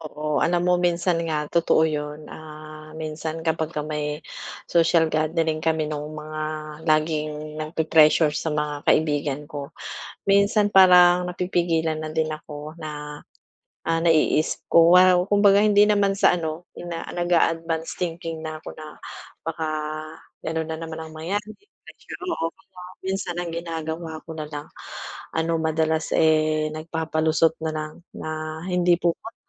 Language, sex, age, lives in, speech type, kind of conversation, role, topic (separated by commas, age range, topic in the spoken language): Filipino, female, 40-44, Philippines, conversation, advice, user, Paano ko haharapin ang presyur mula sa mga malalapit kong kaibigan?